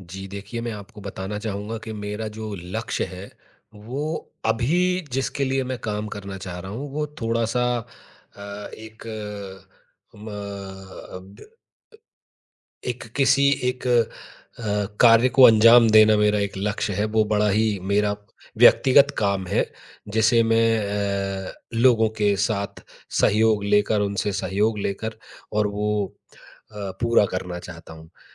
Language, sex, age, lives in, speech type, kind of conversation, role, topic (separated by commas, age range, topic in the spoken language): Hindi, male, 30-34, India, conversation, advice, user, सीमित संसाधनों में आप अपनी टीम का नेतृत्व कैसे करें और उसे प्रेरित कैसे रखें?